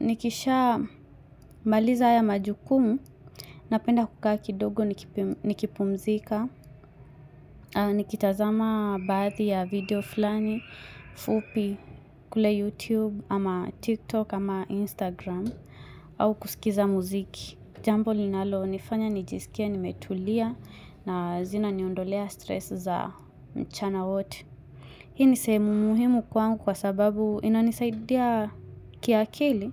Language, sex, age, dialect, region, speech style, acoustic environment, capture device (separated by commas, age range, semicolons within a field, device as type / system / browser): Swahili, female, 25-34, Kenya - Mombasa, Kenya, relaxed, silence, mobile / Linux / Chrome